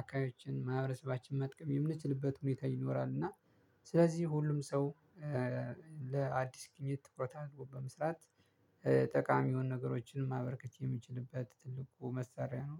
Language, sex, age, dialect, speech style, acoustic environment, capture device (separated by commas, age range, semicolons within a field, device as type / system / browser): Amharic, male, 25-34, Ethiopia - Addis Ababa, happy, silence, mobile / Linux / Chrome